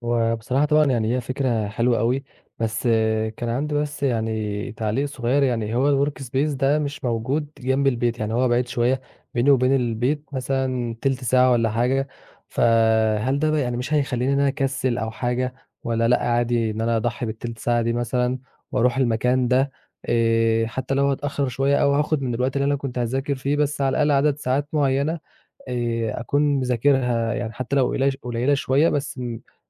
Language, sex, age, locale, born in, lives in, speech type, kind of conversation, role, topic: Arabic, male, 20-24, ar-EG, Egypt, Egypt, conversation, advice, user, إزاي أقدر أركز لما المكان حواليّا دوشة وفوضى والنور مش كويس؟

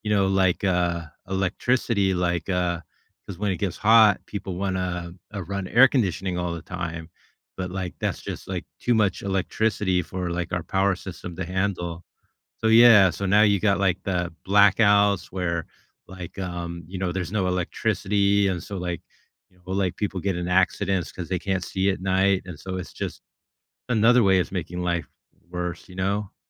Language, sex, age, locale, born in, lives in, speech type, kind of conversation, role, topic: English, male, 50-54, en-US, United States, United States, conversation, podcast, guest, How is climate change making a difference in the way we live day to day?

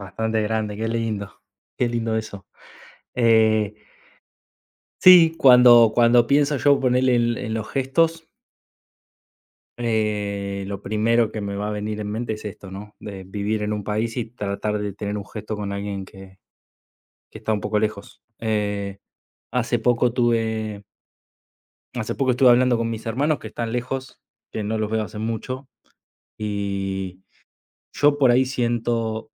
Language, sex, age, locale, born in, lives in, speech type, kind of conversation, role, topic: Spanish, male, 30-34, es-AR, Argentina, Italy, conversation, unstructured, none, ¿Qué pequeño gesto de un amigo o familiar te ha alegrado el día?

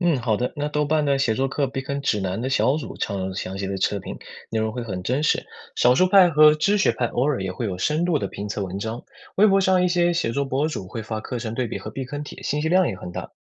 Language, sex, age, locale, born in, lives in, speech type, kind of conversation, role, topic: Chinese, male, 20-24, zh-CN, China, United States, read, expert-assistant, expert, 在网上学习创意写作时，你会怎样挑选质量高的课程或文章？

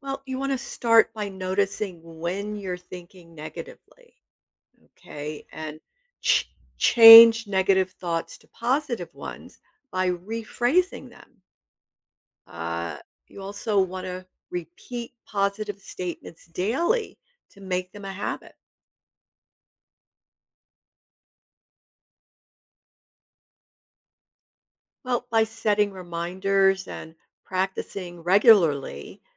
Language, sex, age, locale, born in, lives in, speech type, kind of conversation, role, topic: English, female, 70-74, en-US, United States, United States, read, expert-assistant, expert, How does positive self-talk increase confidence?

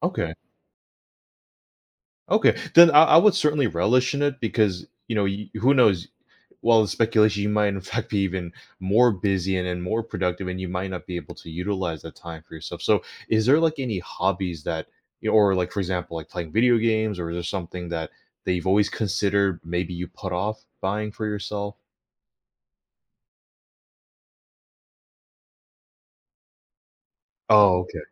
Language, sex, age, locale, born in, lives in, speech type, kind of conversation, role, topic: English, male, 35-39, en-US, United States, United States, conversation, advice, advisor, How can I celebrate and build on my confidence after a big accomplishment?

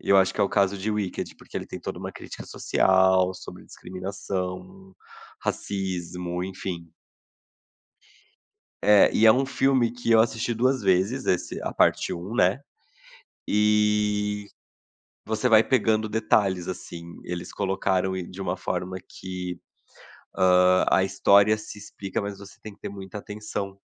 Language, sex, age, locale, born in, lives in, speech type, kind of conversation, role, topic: Portuguese, male, 30-34, pt-BR, Brazil, Portugal, conversation, podcast, guest, O que faz uma história mexer com você?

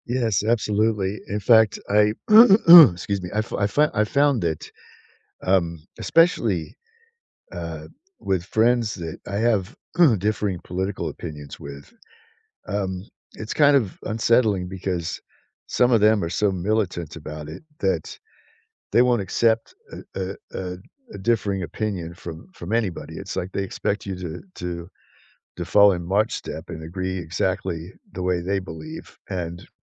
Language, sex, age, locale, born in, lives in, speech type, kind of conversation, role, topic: English, male, 60-64, en-US, United States, United States, conversation, unstructured, none, What does being yourself mean to you?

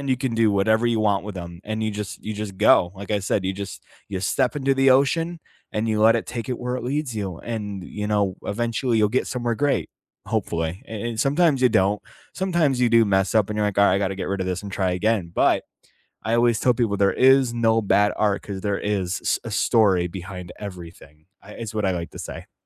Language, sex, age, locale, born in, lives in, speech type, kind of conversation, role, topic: English, male, 25-29, en-US, United States, United States, conversation, unstructured, none, What hobby have you rediscovered recently, and why?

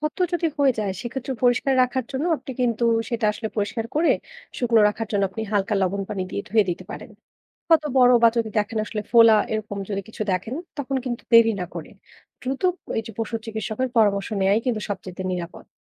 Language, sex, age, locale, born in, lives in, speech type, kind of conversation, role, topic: Bengali, female, 20-24, bn-BD, Bangladesh, Bangladesh, read, expert-assistant, expert, গৃহপালিত পশুদের জন্য 'স্বাস্থ্যবান পরিবেশ' মানে আসলে কী?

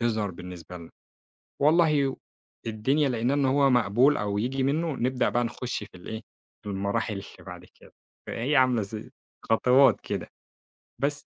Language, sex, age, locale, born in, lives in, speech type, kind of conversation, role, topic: Arabic, male, 25-29, ar-EG, Egypt, Egypt, conversation, podcast, guest, ليه لازم نحافظ على العادات القديمة من وجهة نظرك؟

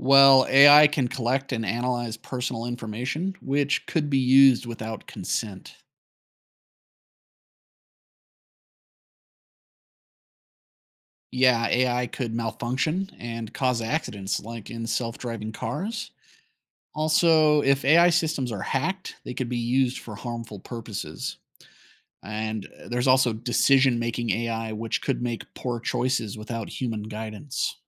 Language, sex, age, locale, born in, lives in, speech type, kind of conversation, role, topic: English, male, 35-39, en-US, United States, United States, read, expert-assistant, expert, What are the risks and rewards of artificial intelligence?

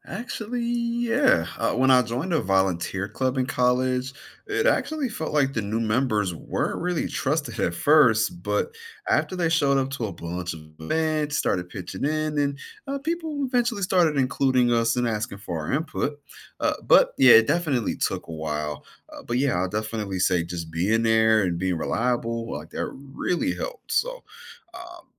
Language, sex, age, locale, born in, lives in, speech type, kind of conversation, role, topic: English, male, 35-39, en-US, United States, United States, read, expert-assistant, asker, How can non-health professionals start a career in public health?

